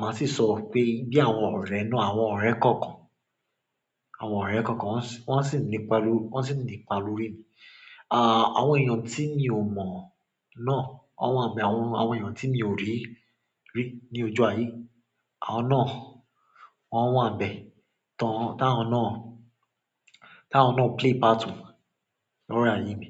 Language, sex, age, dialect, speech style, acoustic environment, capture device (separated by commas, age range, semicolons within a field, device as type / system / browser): Yoruba, male, 25-34, Nigeria - Lagos Yoruba, happy, silence, mobile / Android / Chrome